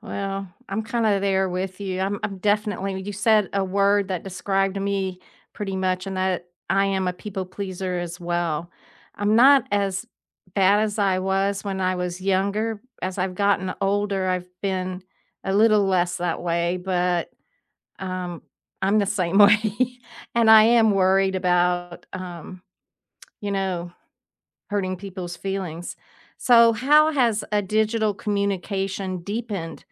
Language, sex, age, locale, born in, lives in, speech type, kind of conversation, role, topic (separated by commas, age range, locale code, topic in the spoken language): English, female, 70-74, en-US, United States, United States, conversation, unstructured, none, How do you balance digital and face-to-face communication with the people you love?